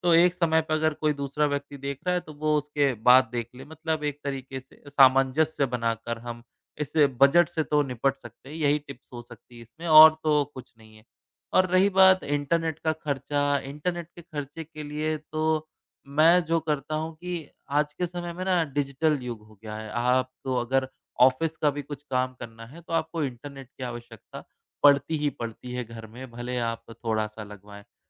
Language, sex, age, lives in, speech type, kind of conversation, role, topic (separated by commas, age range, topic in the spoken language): Hindi, male, 30-34, India, conversation, podcast, guest, लाइव टीवी और स्ट्रीमिंग में आपकी प्राथमिकता क्या है?